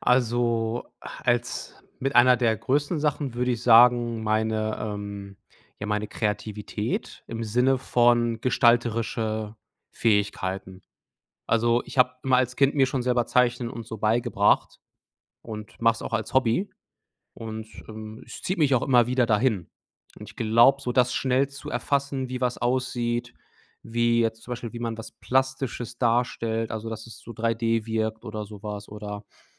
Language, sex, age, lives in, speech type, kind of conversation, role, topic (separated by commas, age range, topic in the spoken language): German, male, 30-34, Germany, conversation, advice, user, Wie finde ich meine wichtigsten Fähigkeiten und entwickle sie weiter?